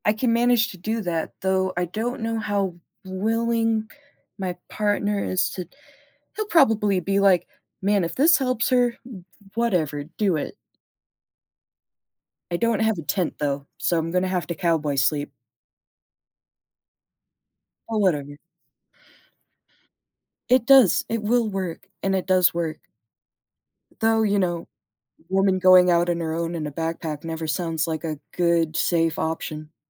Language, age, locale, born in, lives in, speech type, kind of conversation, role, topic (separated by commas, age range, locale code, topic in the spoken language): English, 25-29, en-US, United States, United States, conversation, advice, user, How can I prioritize my tasks?